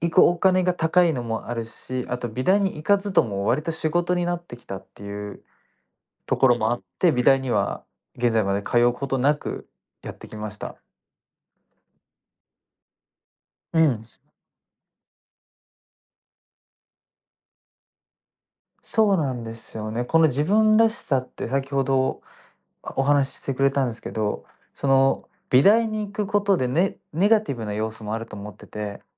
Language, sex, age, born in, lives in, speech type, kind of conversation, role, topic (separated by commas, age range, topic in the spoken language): Japanese, male, 20-24, Japan, Japan, conversation, podcast, guest, 自分らしい表現はどうやって見つけましたか？